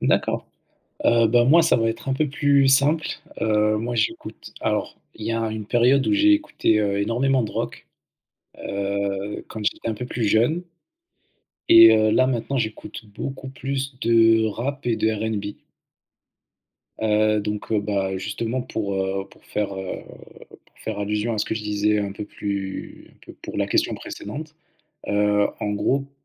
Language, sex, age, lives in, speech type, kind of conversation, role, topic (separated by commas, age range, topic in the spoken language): French, male, 20-24, France, conversation, unstructured, none, Comment la musique influence-t-elle ton humeur au quotidien ?